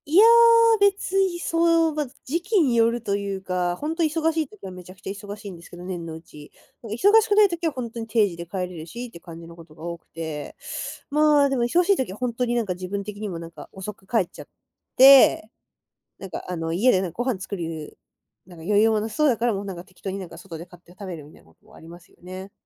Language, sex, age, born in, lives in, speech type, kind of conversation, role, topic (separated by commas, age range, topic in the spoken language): Japanese, female, 20-24, Japan, Japan, conversation, advice, user, 運動と食事のバランスで、何を優先すべきかわからないときはどうすればよいですか？